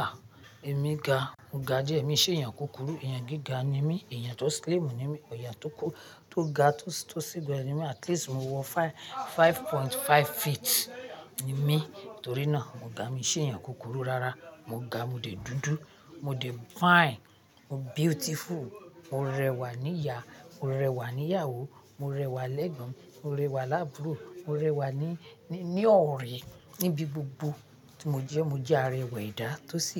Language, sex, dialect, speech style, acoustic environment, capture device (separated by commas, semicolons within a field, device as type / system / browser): Yoruba, female, Nigeria - Ibadan, happy; nervous; anxious; excited, people talking; music; nature, mobile / Linux / Chrome